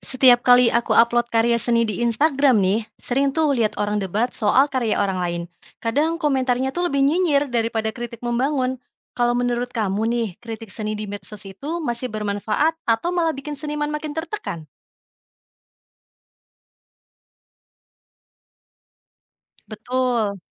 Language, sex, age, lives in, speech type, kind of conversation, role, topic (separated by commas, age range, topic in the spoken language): Indonesian, female, 25-29, Indonesia, read, expert-assistant, asker, Apakah manfaat kritik seni masih relevan di era media sosial, dan apa saja risikonya bagi seniman serta penikmat seni?